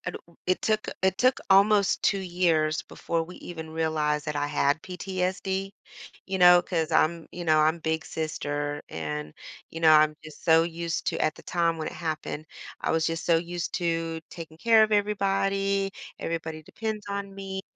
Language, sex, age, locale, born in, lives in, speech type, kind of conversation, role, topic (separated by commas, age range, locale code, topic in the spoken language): English, female, 45-49, en-US, United States, United States, conversation, advice, user, How can I express my gratitude to people who recently supported me?